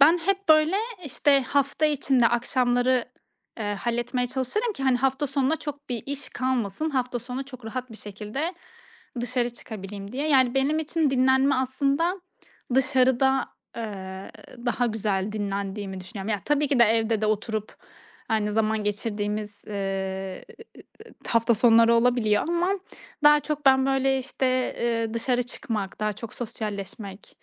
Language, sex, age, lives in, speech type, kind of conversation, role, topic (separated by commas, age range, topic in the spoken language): Turkish, female, 30-34, Estonia, conversation, podcast, guest, Akşam eve geldiğinde kendini nasıl dinlendirirsin?